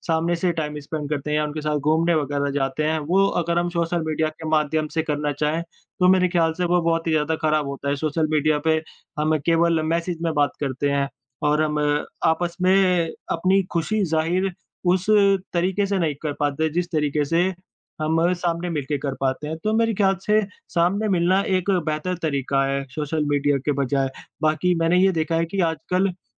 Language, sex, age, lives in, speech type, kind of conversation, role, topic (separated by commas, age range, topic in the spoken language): Hindi, female, 25-29, India, conversation, unstructured, none, क्या आपको लगता है कि सामाजिक माध्यम हमें करीब लाते हैं या दूर कर देते हैं?